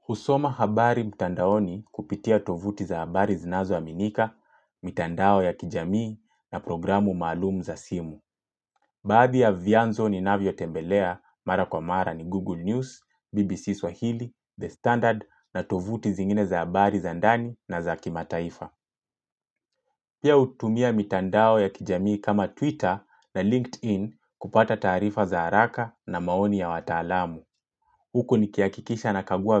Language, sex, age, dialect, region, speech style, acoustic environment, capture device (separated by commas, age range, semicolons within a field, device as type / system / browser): Swahili, male, 25-34, Kenya - Mombasa, Kenya, happy; relaxed; focused, silence, mobile / Linux / Chrome